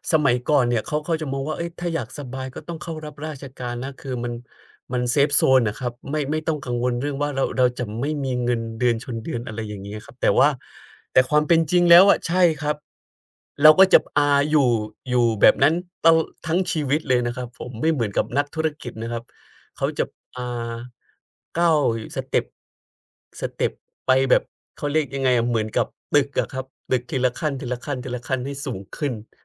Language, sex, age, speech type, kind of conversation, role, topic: Thai, male, 30-34, conversation, unstructured, none, ความเหลื่อมล้ำทางการเงินสามารถแก้ไขได้หรือไม่?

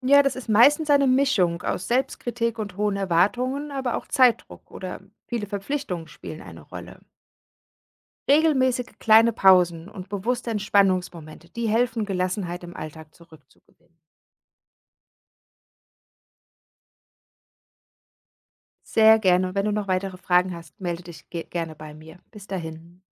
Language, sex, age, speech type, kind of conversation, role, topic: German, female, 40-44, read, expert-assistant, expert, Wie kann ich herausfinden, welches Ziel in meinem Leben wirklich zu mir passt?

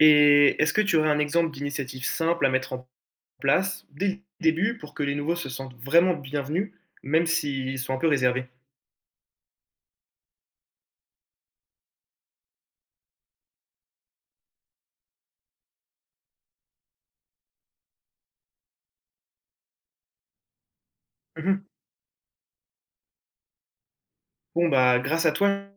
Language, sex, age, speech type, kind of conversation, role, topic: French, male, 18-19, read, expert-assistant, asker, Comment mobiliser et impliquer efficacement les membres dans un projet associatif ?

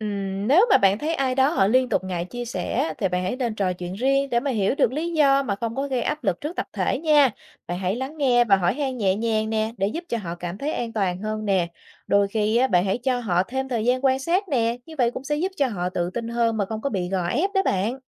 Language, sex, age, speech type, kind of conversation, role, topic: Vietnamese, female, 35-39, read, expert-assistant, expert, Làm sao để thích nghi tốt với những thay đổi liên tục trong công việc hành chính công?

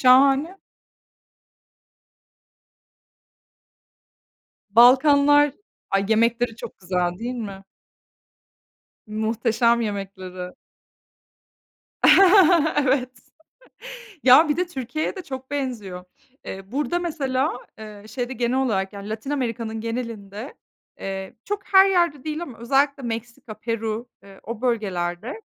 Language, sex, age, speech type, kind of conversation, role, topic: Turkish, female, 30-34, conversation, unstructured, none, Gezdiğin ülkelerde seni şaşırtan geleneklerle karşılaştın mı?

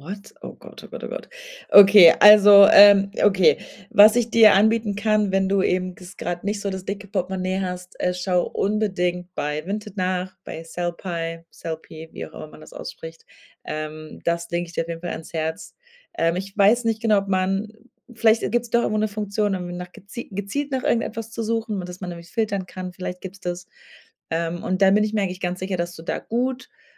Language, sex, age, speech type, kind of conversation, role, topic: German, female, 25-29, conversation, advice, advisor, Wie kann ich einen minimalistischen Lebensstil beginnen und langfristig durchhalten?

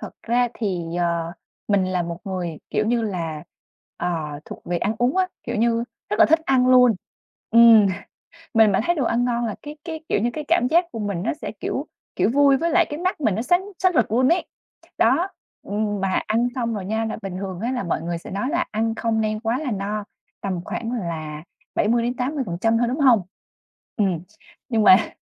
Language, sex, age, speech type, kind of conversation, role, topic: Vietnamese, female, 25-29, conversation, advice, user, Làm sao để bớt cảm giác tội lỗi sau khi lỡ ăn quá nhiều một lần?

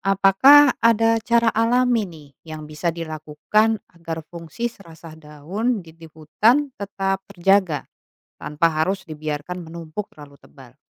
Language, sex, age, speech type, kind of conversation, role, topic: Indonesian, female, 35-39, read, expert-assistant, asker, Apa yang menyebabkan daun berubah warna saat musim gugur?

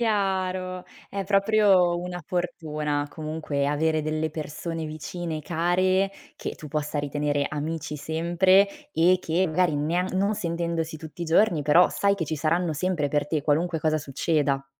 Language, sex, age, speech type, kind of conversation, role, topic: Italian, female, 25-29, conversation, podcast, host, Hai mai stretto un’amicizia durante un viaggio che è durata per anni?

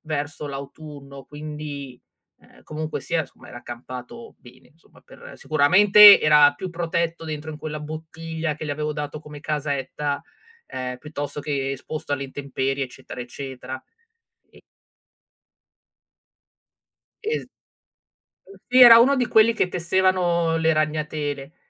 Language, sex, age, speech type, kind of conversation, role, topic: Italian, female, 35-39, conversation, podcast, guest, Hai conosciuto nuove persone o trovato nuove comunità grazie a quell’hobby?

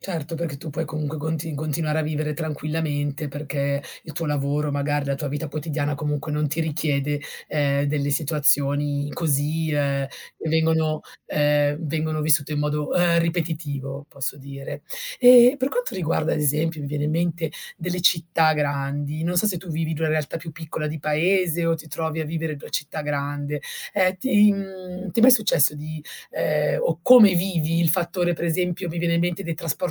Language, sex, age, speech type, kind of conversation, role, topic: Italian, female, 40-44, conversation, advice, advisor, Come descriveresti lo stress e il disagio che provi nelle situazioni sociali affollate?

